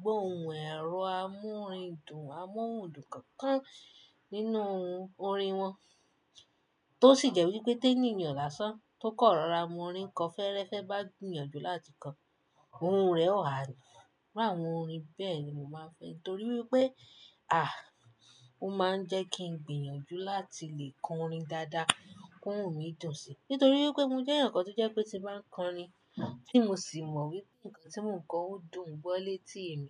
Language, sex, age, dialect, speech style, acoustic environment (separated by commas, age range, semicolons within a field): Yoruba, female, 25-34, Nigeria - Nigerian Standard, happy; relaxed; excited, silence